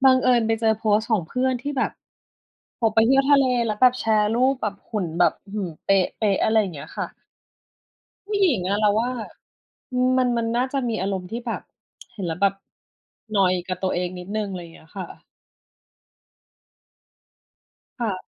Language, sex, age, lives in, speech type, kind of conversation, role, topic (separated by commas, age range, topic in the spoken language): Thai, female, 40-44, Thailand, conversation, unstructured, none, การใช้สื่อสังคมออนไลน์ส่งผลต่ออารมณ์ของคุณอย่างไร?